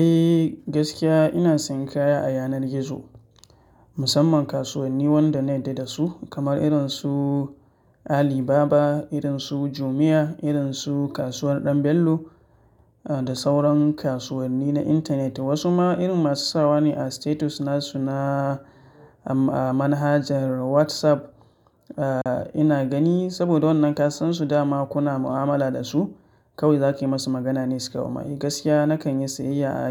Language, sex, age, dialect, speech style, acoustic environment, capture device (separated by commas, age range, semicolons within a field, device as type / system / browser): Hausa, male, 25-34, Nigeria - Katsina, focused, nature; silence, mobile / Linux / Chrome